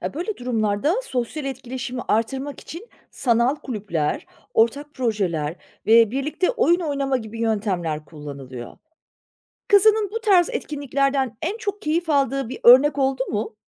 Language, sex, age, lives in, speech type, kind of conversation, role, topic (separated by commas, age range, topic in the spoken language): Turkish, female, 40-44, Germany, read, expert-assistant, expert, Canlı çevrim içi derslerin, yüz yüze eğitime göre güçlü ve zayıf yönleri neler?